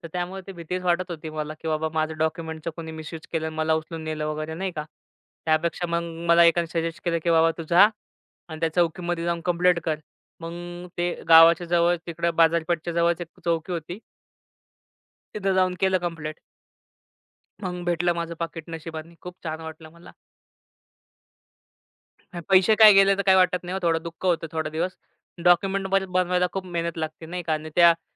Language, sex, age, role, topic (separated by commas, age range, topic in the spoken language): Marathi, male, 25-29, guest, गावठी बाजारात हरवल्यावर तुम्हाला कोणता अनुभव आला?